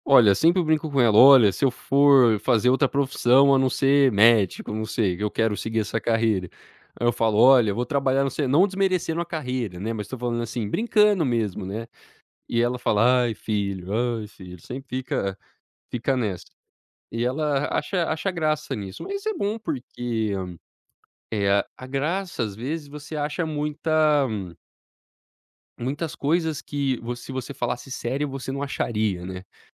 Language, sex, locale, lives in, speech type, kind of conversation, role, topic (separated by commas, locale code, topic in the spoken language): Portuguese, male, pt-BR, United States, conversation, podcast, guest, Como você usa o humor para comunicar uma ideia?